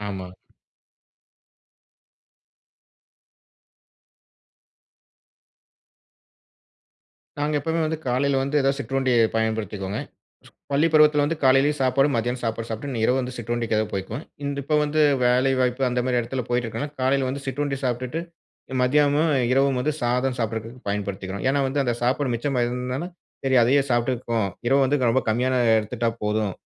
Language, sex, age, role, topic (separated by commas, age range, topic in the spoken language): Tamil, male, 35-39, guest, வீட்டில் நீங்கள் சாப்பாடு தயாரிக்கும் முறை எப்படி இருக்கும்?